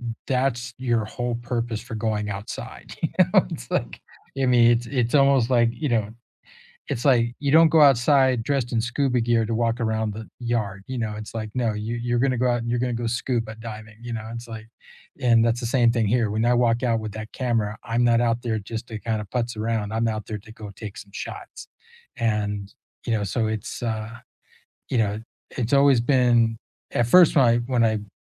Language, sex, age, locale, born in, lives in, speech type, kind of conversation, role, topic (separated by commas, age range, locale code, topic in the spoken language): English, male, 60-64, en-US, United States, United States, conversation, unstructured, none, What is a hobby you have rediscovered recently?